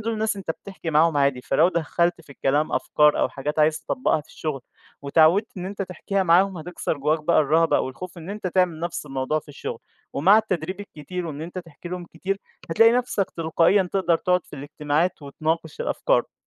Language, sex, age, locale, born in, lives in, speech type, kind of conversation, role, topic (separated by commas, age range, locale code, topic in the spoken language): Arabic, male, 20-24, ar-EG, Egypt, Egypt, conversation, advice, advisor, إزاي أتغلب على خوفي إني أعبّر عن رأيي في الشغل؟